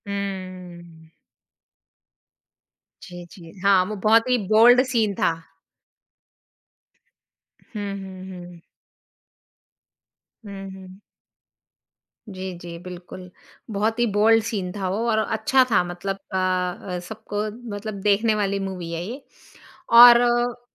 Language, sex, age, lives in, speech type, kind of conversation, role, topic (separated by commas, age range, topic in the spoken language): Hindi, female, 45-49, India, conversation, podcast, host, Kya tumhe apna pehla cinema hall ka experience yaad hai, aur woh kaisa tha?